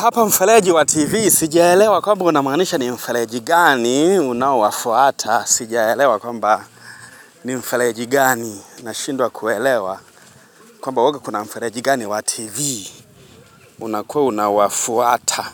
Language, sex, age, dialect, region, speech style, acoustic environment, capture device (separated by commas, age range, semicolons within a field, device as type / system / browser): Swahili, male, 25-34, Tanzania - Dar es Salaam, Tanzania, excited, music, mobile / Linux / Chrome